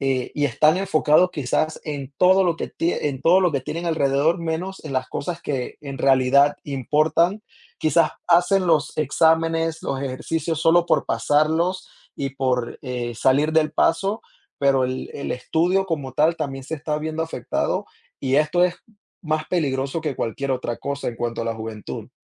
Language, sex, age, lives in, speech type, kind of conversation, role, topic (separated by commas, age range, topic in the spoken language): Spanish, male, 30-34, United States, conversation, podcast, guest, ¿Cómo cuidar la salud mental con tanta exposición digital?